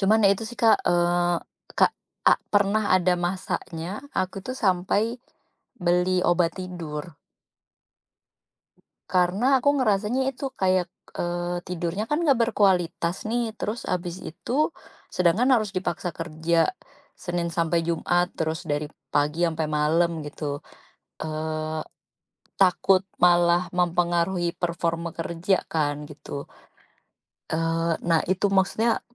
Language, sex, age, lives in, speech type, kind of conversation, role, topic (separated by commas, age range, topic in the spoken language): Indonesian, female, 35-39, Indonesia, conversation, advice, user, Apa yang membuat Anda sulit tidur karena pikiran yang terus dipenuhi kekhawatiran?